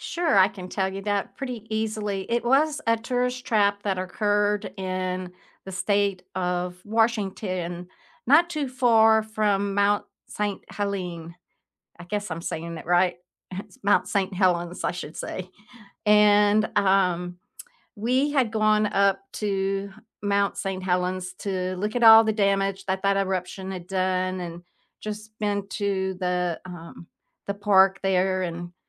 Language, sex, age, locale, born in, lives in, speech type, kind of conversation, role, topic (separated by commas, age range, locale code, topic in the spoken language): English, female, 70-74, en-US, United States, United States, conversation, unstructured, none, Which tourist traps have surprised you by actually being worth it?